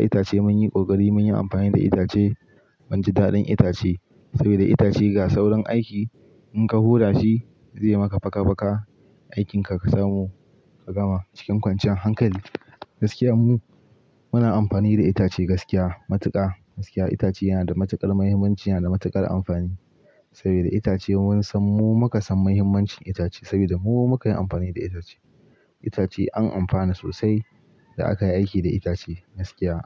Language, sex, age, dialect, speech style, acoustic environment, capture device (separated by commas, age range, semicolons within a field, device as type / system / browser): Hausa, male, 18-24, Nigeria - Pidgin-influenced, focused; excited, nature, mobile / Linux / Chrome